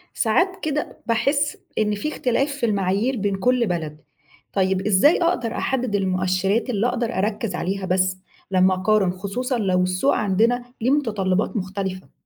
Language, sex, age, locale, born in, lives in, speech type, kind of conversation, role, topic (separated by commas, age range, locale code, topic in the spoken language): Arabic, female, 35-39, ar-EG, Egypt, Egypt, read, expert-assistant, asker, إزاي أقدر أتابع وأقارن بين تقنيات إنتاج الأكل التقليدية والجديدة في العالم، وأعرف إيه المناسب لبلدنا؟